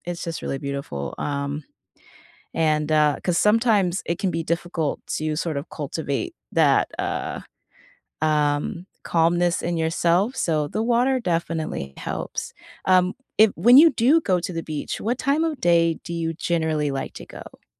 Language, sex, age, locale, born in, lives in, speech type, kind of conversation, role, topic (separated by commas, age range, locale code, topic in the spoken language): English, female, 30-34, en-US, United States, United States, conversation, unstructured, none, Which small daily habit has improved your routine, and why is it meaningful to you?